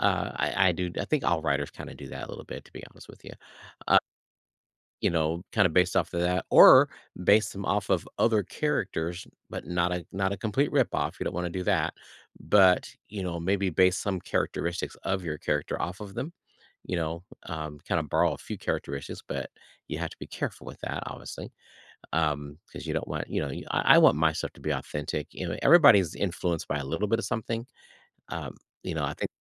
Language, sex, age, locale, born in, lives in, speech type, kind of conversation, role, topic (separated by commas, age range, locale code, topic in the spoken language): English, male, 55-59, en-US, United States, United States, conversation, podcast, guest, What helps you find inspiration again when your creativity feels stuck?